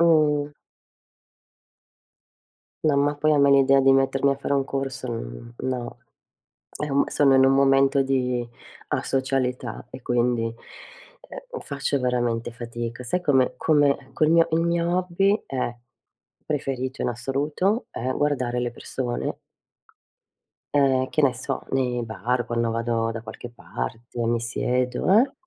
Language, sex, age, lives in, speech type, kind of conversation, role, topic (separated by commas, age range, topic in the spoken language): Italian, female, 50-54, Italy, conversation, unstructured, none, Come scegli i tuoi hobby per arricchire la tua vita?